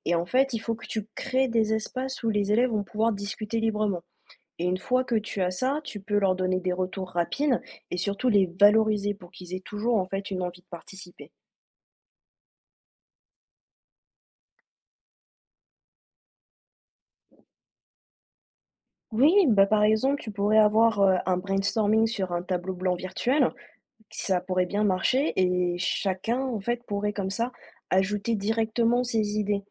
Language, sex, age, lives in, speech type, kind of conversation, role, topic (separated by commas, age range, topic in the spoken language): French, female, 30-34, France, read, expert-assistant, expert, Comment expliquer que les élèves décrochent rapidement sur une nouvelle plateforme éducative ?